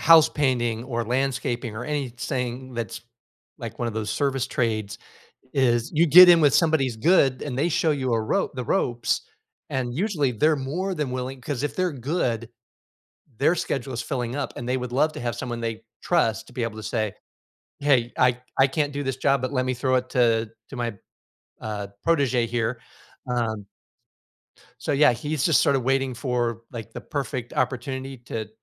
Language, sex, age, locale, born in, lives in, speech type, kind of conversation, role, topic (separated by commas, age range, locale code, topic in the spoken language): English, male, 55-59, en-US, United States, United States, conversation, advice, advisor, How can I balance personal goals with my family's needs?